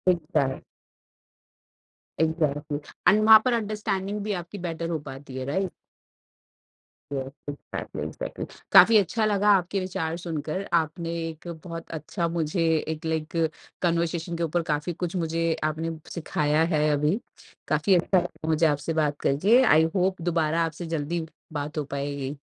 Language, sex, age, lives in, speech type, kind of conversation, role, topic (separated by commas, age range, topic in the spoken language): Hindi, female, 30-34, India, conversation, podcast, host, Aapka conversation shuru karne ka sabse pasandida tareeka kya hota hai?